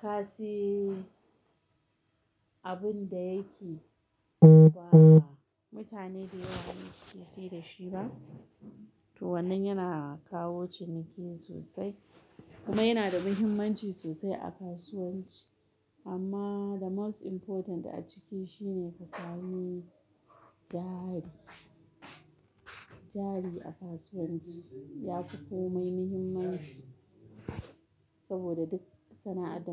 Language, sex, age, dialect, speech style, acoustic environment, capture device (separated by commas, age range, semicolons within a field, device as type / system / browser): Hausa, female, 35-44, Nigeria - Kano, happy, people talking, mobile / Linux / Chrome